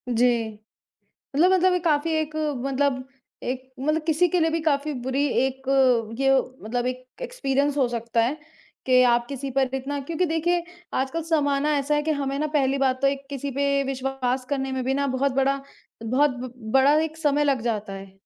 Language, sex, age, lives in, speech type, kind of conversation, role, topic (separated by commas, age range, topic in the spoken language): Hindi, male, 30-34, India, conversation, unstructured, none, क्या माफ़ करना हमेशा सही होता है?